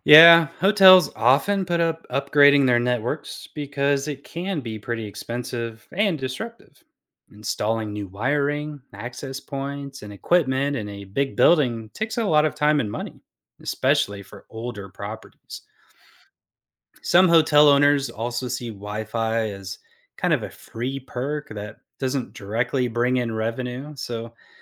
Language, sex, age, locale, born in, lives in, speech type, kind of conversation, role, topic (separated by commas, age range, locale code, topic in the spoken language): English, male, 35-39, en-US, United States, United States, read, expert-assistant, expert, Why do some hotels have consistently poor Wi-Fi, and what could be causing it during my stay?